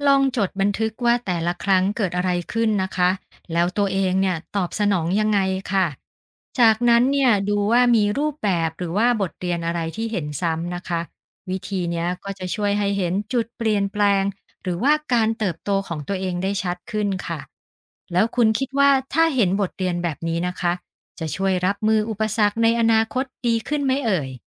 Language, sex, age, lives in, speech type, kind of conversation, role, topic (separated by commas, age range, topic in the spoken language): Thai, female, 50-54, Thailand, read, expert-assistant, expert, เวลาตั้งเป้าหมายแล้วรู้สึกติดขัด ไม่รู้จะเริ่มยังไง เราควรวิเคราะห์ปัญหาจากตรงไหนก่อนดี?